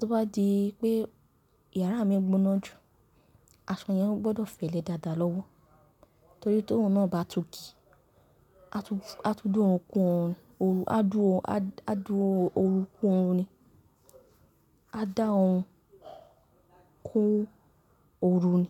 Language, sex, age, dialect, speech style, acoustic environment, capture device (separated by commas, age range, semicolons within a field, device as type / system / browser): Yoruba, female, 18-24, Nigeria - Nigerian Standard, nervous; excited, people talking; nature, mobile / Linux / Chrome